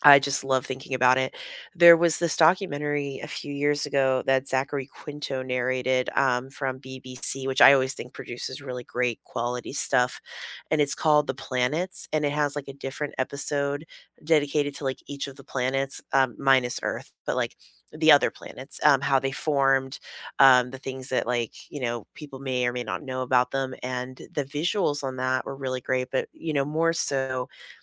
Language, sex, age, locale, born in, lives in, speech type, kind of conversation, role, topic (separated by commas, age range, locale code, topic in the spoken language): English, female, 35-39, en-US, United States, United States, conversation, unstructured, none, What documentaries have changed how you see something in your everyday life?